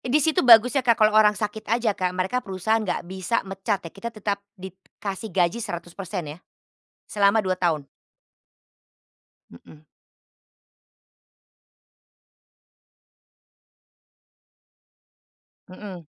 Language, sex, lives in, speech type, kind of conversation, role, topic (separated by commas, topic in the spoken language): Indonesian, female, Netherlands, conversation, podcast, guest, Bagaimana cara memilih antara karier dan keluarga menurutmu?